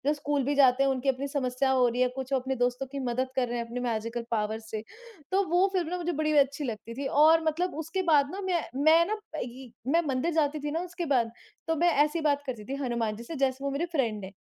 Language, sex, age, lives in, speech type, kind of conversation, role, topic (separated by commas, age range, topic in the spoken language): Hindi, male, 30-34, India, conversation, unstructured, none, आपके बचपन की कौन-सी फिल्म आपको सबसे ज्यादा यादगार लगती है?